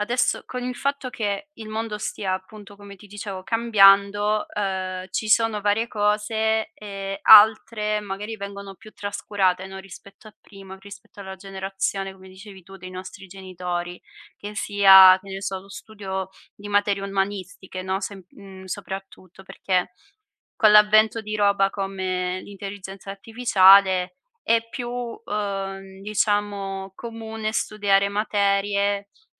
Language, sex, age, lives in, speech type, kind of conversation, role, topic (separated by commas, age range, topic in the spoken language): Italian, female, 25-29, Italy, conversation, podcast, host, Come aggiorni le tue competenze in un mondo che cambia?